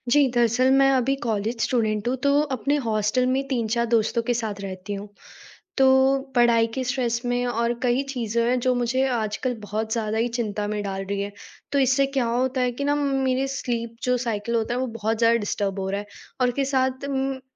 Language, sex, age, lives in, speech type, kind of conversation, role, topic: Hindi, female, 18-19, India, conversation, advice, user, आरामदायक सोने का माहौल बनाने में असमर्थता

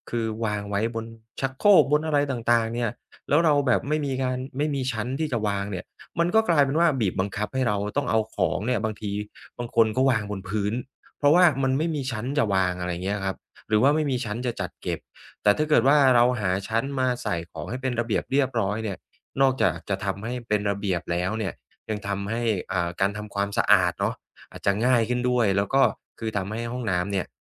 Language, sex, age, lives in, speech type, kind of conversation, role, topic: Thai, male, 35-39, Thailand, conversation, podcast, host, จัดพื้นที่เล็กๆ ให้ใช้งานได้หลายอย่างพร้อมกันได้อย่างไร?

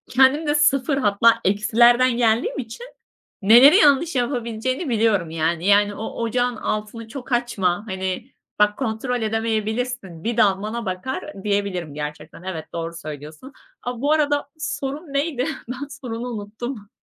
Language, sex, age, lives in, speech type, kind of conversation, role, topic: Turkish, female, 25-29, Germany, conversation, advice, user, Yemek yaparken kendinize güveninizi nasıl artırıp sağlıklı beslenmeyi nasıl sürdürebilirsiniz?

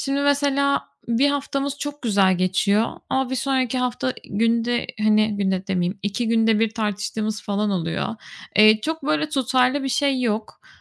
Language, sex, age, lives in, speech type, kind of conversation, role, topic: Turkish, female, 25-29, Spain, conversation, advice, user, Tartışma sırasında duygularımı nasıl yönetebilir ve ilişkimi nasıl koruyabilirim?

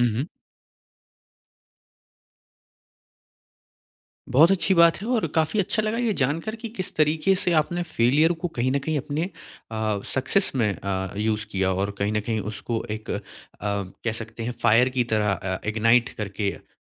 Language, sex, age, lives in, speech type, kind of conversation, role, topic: Hindi, male, 25-29, India, conversation, podcast, host, Failure se tumhare liye sabse badi practical seekh kya nikli?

